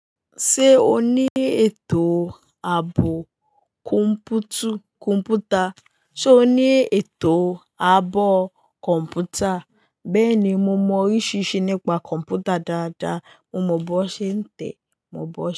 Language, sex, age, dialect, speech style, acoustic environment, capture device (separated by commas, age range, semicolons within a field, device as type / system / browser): Yoruba, female, 18-24, Nigeria - Lagos Yoruba, happy, people talking, mobile / Linux / Chrome